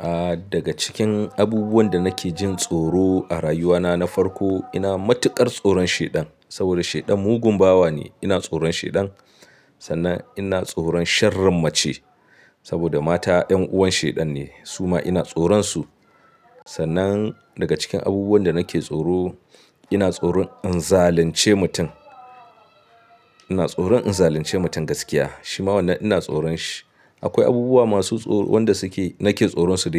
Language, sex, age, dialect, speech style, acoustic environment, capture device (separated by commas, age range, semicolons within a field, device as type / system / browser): Hausa, male, 25-34, Nigeria - Katsina, happy, nature, mobile / Linux / Chrome